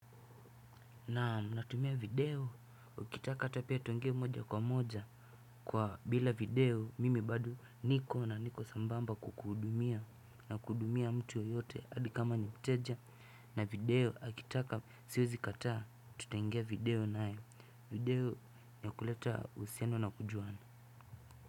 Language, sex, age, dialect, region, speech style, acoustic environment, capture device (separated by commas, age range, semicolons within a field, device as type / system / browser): Swahili, male, 18-24, Kenya - Nairobi Sheng-influenced, Kenya, relaxed, nature, desktop / Windows / Chrome